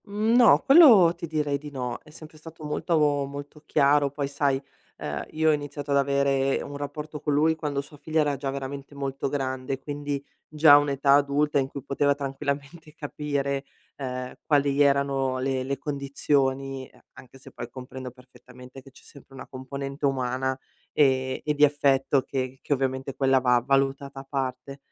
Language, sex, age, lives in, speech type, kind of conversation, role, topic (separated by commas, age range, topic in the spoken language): Italian, female, 40-44, Italy, conversation, advice, user, Differenze nel desiderio di avere figli